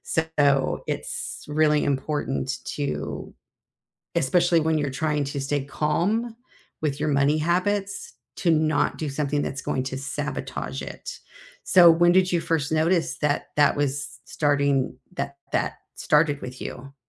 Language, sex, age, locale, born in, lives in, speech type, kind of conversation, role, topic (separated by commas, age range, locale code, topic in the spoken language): English, female, 60-64, en-US, United States, United States, conversation, unstructured, none, What simple money habit helps your workdays feel calmer, and how did you make it stick?